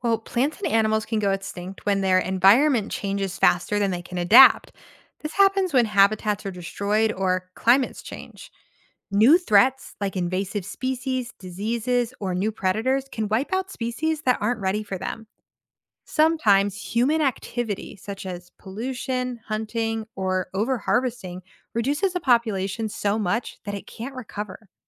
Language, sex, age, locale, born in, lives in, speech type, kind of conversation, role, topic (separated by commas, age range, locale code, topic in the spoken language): English, female, 25-29, en-US, United States, United States, read, expert-assistant, expert, Why do some plants and animals go extinct?